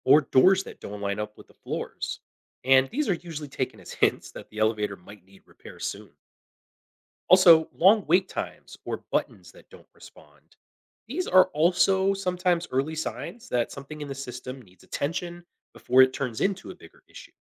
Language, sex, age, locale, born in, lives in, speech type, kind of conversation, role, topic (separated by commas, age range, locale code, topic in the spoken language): English, male, 40-44, en-US, United States, United States, read, expert-assistant, expert, How does an elevator move between floors?